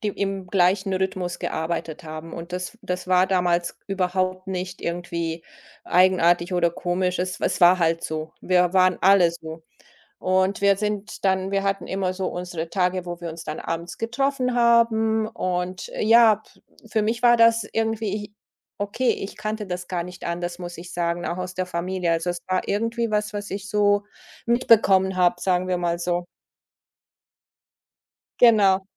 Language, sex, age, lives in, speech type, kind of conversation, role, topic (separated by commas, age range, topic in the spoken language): German, female, 50-54, Germany, conversation, podcast, guest, Was bedeutet Work-Life-Balance für dich konkret?